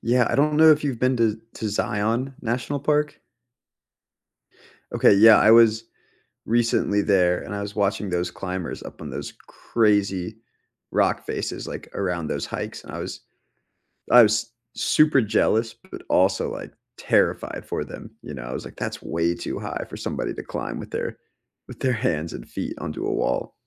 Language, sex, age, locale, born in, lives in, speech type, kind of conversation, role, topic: English, male, 25-29, en-US, United States, United States, conversation, unstructured, none, What hobby have you tried but gave up on quickly?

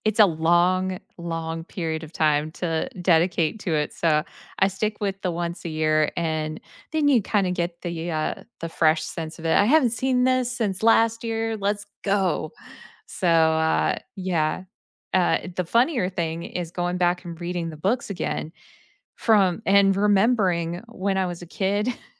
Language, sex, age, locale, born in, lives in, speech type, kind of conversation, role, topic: English, female, 35-39, en-US, United States, United States, conversation, podcast, guest, Why do certain movies leave a lasting impact on us?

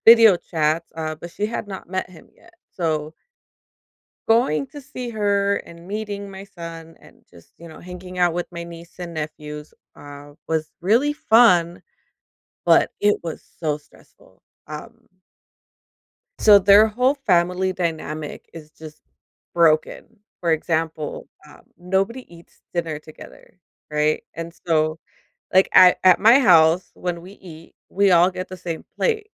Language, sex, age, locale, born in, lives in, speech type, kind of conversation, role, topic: English, female, 35-39, en-US, United States, United States, conversation, advice, user, How can I find peace after a stressful event?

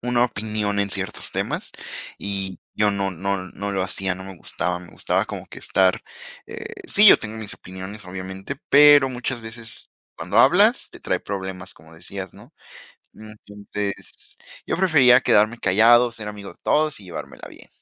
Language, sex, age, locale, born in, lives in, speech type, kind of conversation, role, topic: Spanish, female, 20-24, es-MX, Mexico, Mexico, conversation, unstructured, none, ¿Cómo te gusta expresar quién eres?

